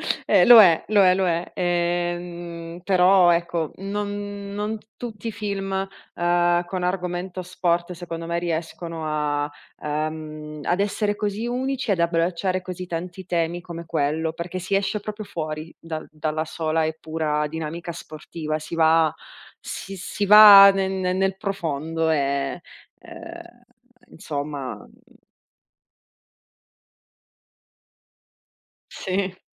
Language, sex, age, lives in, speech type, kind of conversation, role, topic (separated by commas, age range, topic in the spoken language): Italian, female, 30-34, Italy, conversation, unstructured, none, Qual è il tuo film preferito di sempre?